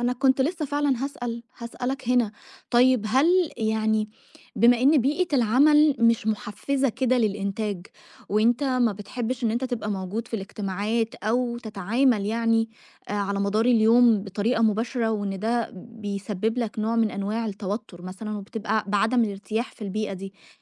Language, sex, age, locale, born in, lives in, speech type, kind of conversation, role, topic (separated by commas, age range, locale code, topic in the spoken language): Arabic, female, 30-34, ar-EG, Egypt, Egypt, conversation, advice, advisor, إزاي أتعامل مع الانقطاعات المتكررة في الشغل بسبب الزملاء أو الاجتماعات؟